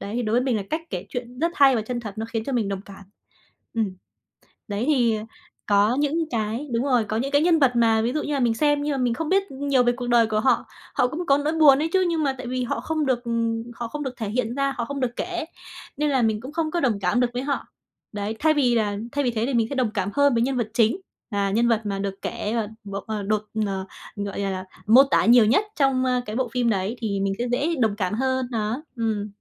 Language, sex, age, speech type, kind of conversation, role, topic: Vietnamese, female, 20-24, conversation, podcast, guest, Tại sao một nhân vật có thể khiến chúng ta đồng cảm đến vậy?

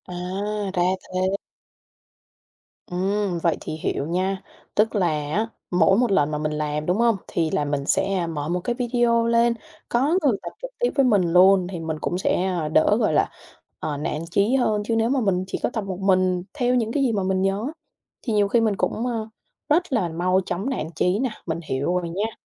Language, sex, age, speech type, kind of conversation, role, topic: Vietnamese, female, 25-29, conversation, advice, user, Bạn làm thế nào để không quên duy trì thói quen mới khi bận rộn với công việc?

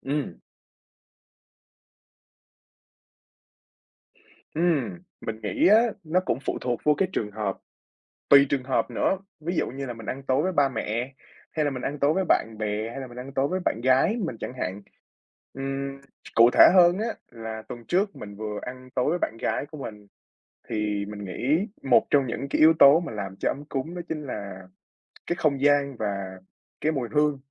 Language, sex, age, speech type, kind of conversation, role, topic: Vietnamese, male, 20-24, conversation, podcast, guest, Bạn thường làm gì để bữa tối trở nên ấm cúng hơn?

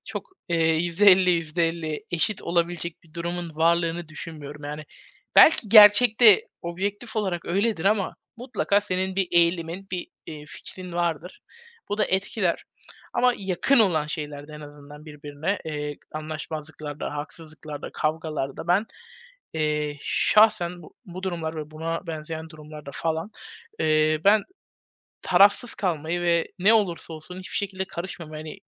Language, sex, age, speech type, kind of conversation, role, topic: Turkish, male, 20-24, conversation, unstructured, none, Geçmişte sana yapılan bir haksızlık yüzünden hâlâ kızgın mısın?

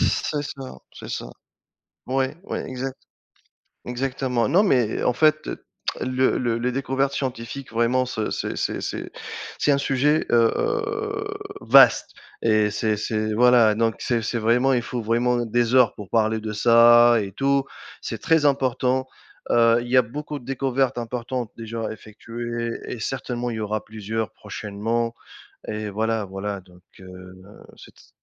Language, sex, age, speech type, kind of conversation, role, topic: French, male, 35-39, conversation, unstructured, none, Quelle découverte scientifique t’a le plus surprise ?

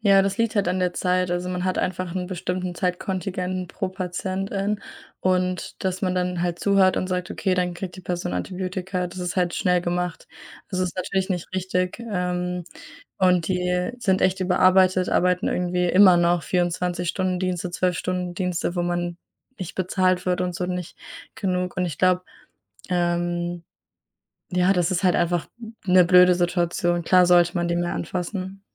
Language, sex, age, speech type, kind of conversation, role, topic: German, female, 20-24, conversation, unstructured, none, Würdest du lieber in der Vergangenheit oder in der Zukunft leben?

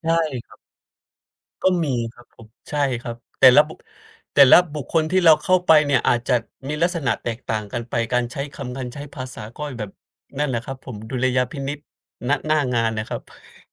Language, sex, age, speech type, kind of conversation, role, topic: Thai, male, 30-34, conversation, unstructured, none, คุณคิดว่าควรเริ่มต้นเจรจาต่อรองเงินเดือนอย่างไร?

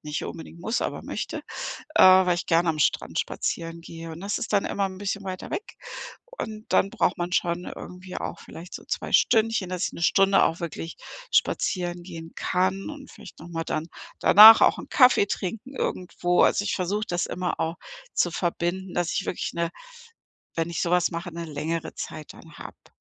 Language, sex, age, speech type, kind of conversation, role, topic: German, female, 55-59, conversation, podcast, guest, Welche kurzen Pausen helfen dir im Arbeitsalltag wirklich?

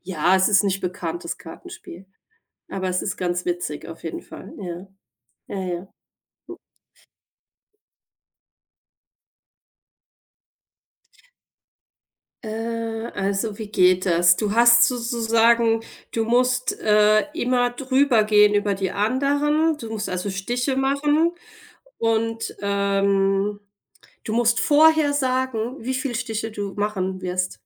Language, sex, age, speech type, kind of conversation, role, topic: German, female, 40-44, conversation, unstructured, none, Wie verbringst du am liebsten Zeit mit Freunden?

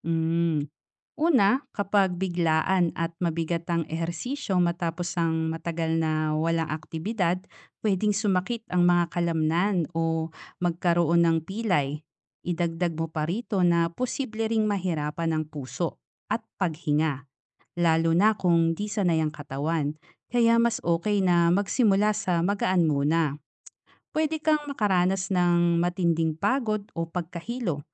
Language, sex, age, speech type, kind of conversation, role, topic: Filipino, female, 35-39, read, expert-assistant, expert, Ano ang epekto ng hindi pagkakaroon ng sapat na exercise sa katawan?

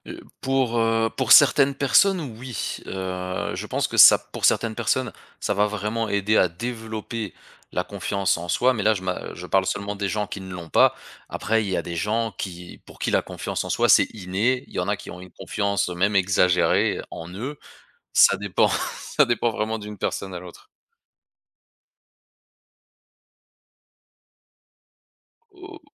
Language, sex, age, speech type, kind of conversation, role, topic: French, male, 35-39, conversation, podcast, guest, Comment prends-tu soin de ta santé mentale au quotidien, vraiment ?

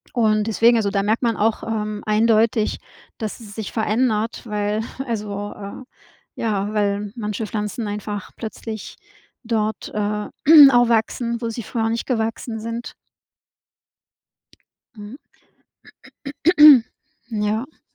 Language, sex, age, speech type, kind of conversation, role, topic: German, female, 50-54, conversation, unstructured, none, Was macht dir am Klimawandel am meisten Angst?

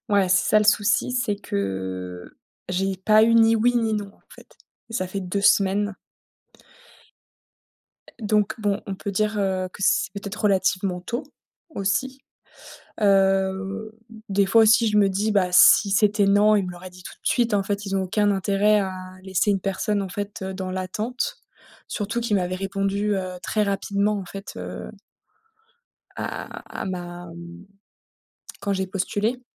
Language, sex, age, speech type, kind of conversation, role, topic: French, female, 30-34, conversation, advice, user, Comment surmonter la peur de postuler à un nouveau travail par crainte du rejet ?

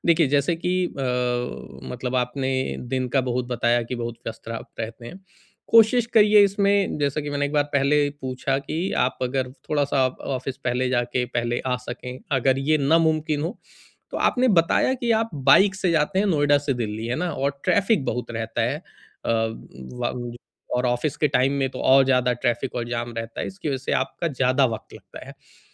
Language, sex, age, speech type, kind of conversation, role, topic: Hindi, male, 40-44, conversation, advice, advisor, समय की कमी के बावजूद मैं अपने शौक के लिए प्राथमिकताएँ तय करके समय कैसे निकालूँ?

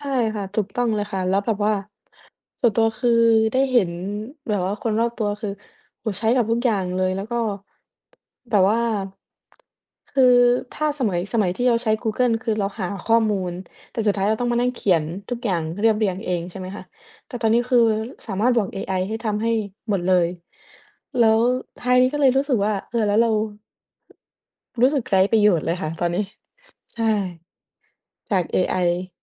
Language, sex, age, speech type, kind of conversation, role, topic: Thai, female, 20-24, conversation, unstructured, none, เทคโนโลยีสมัยใหม่เปลี่ยนวิถีชีวิตของเรามากแค่ไหน?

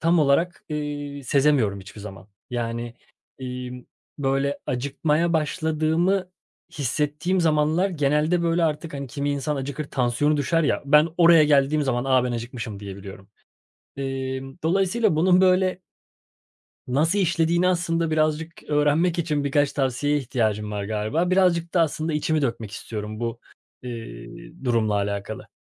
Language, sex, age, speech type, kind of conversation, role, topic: Turkish, male, 30-34, conversation, advice, user, Açlık ve tokluk sinyallerimi nasıl ayırt edebilirim?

